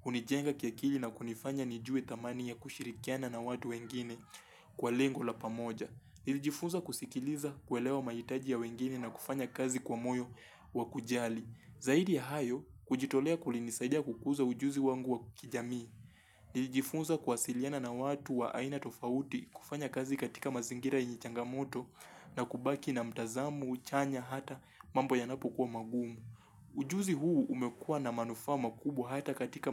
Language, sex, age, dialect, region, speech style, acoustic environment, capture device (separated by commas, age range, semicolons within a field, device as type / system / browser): Swahili, male, 18-24, Kenya - Mombasa, Kenya, focused, silence, mobile / Linux / Chrome